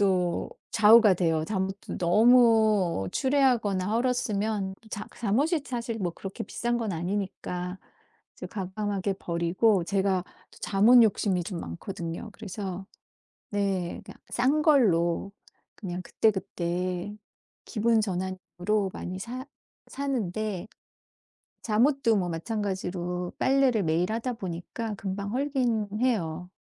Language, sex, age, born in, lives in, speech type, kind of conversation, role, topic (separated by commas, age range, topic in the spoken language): Korean, female, 50-54, South Korea, United States, conversation, podcast, guest, 옷장 정리할 때 옷을 버릴지 말지 무엇을 기준으로 결정하시나요: 추억인가요, 실용성인가요?